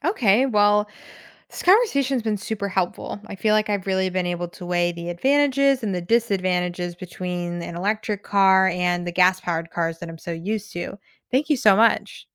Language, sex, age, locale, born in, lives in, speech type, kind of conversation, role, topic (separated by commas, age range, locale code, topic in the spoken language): English, female, 25-29, en-US, United States, United States, read, expert-assistant, asker, What are the advantages and disadvantages of electric cars?